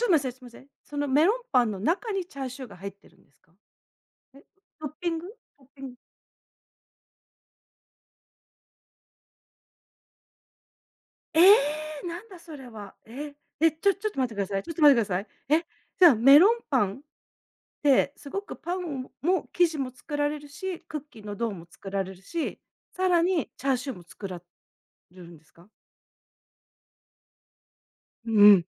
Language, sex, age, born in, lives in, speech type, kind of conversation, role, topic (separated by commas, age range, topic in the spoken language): Japanese, female, 50-54, Japan, United States, conversation, podcast, host, ストレスを感じたとき、まず最初に何をしますか？